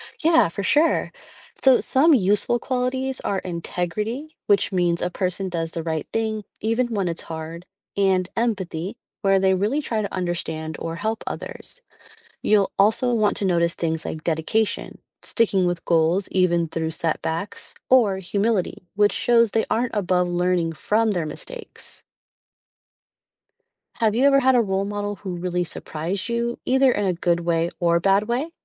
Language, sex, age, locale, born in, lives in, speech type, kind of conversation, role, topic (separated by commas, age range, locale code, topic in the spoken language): English, female, 35-39, en-US, United States, United States, read, expert-assistant, expert, How do role models influence personal development?